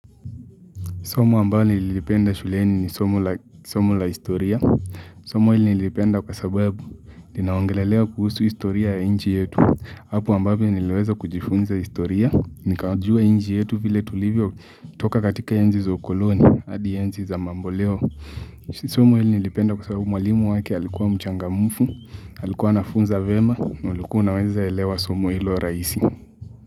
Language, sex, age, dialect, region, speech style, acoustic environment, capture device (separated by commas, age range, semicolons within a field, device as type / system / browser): Swahili, male, 18-24, Kenya - Mombasa, Kenya, focused, silence, mobile / Linux / Chrome